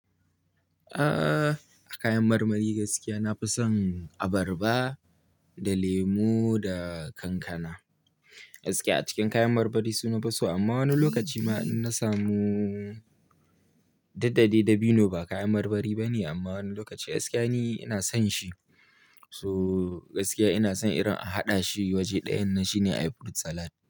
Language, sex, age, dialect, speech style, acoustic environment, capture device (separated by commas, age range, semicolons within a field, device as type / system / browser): Hausa, male, 18-24, Nigeria - Kano, happy, silence, mobile / Linux / Chrome